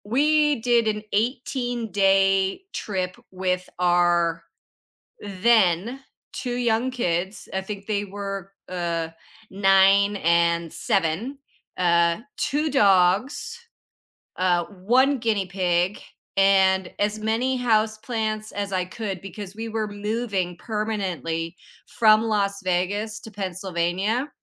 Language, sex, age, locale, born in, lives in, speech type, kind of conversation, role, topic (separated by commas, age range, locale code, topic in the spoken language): English, female, 50-54, en-CA, Canada, United States, conversation, podcast, host, How do you handle setbacks when working toward your dreams?